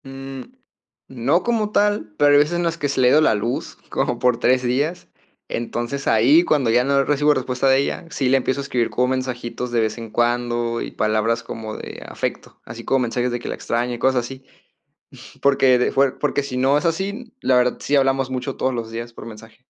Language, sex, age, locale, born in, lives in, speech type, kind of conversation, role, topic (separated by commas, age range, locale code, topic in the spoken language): Spanish, male, 20-24, es-MX, Mexico, Mexico, conversation, advice, user, ¿Cómo puedo manejar la inseguridad al interactuar con mi pareja en público?